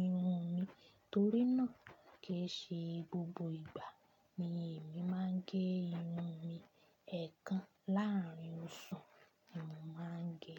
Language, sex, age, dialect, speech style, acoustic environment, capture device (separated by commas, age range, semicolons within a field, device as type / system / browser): Yoruba, female, 25-34, Nigeria - Ibadan, happy, silence, desktop / Linux / Chrome